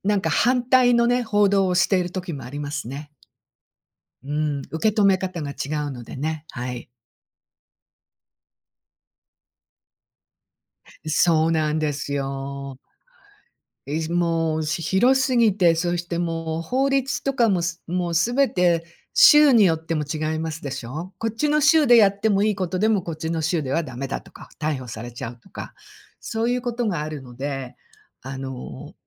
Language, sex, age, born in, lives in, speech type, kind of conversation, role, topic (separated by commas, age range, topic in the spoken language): Japanese, female, 60-64, Japan, United States, conversation, unstructured, none, 最近のニュースで気になったことは何ですか？